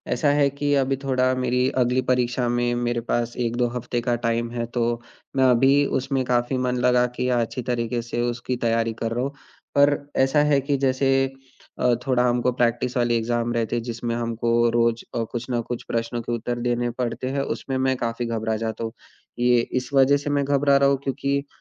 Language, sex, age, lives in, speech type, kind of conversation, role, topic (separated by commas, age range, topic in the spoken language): Hindi, male, 20-24, India, conversation, advice, user, मैं अस्वीकृति के डर को कैसे संभालूं और आगे कैसे बढ़ूं?